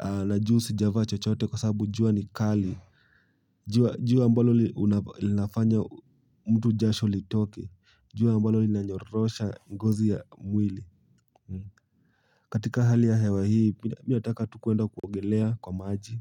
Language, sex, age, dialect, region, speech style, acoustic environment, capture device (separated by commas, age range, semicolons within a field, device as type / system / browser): Swahili, male, 18-24, Kenya - Nairobi Sheng-influenced, Kenya, happy; excited, silence, mobile / Linux / Chrome